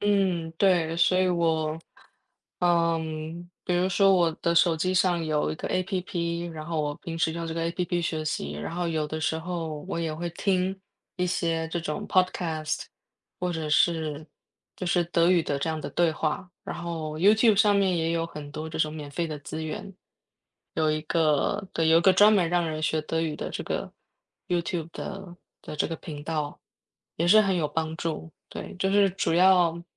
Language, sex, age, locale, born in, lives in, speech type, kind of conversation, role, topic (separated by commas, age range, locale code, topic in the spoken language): Chinese, female, 35-39, zh-TW, Taiwan, Germany, conversation, unstructured, none, 在学习时，你更喜欢用纸质书还是电子书？